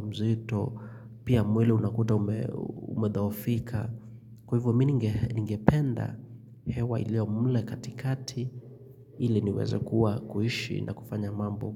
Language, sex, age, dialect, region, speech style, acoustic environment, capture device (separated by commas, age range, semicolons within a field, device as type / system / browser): Swahili, male, 25-34, Kenya - Nairobi Sheng-influenced, Kenya, relaxed, silence, mobile / Linux / Chrome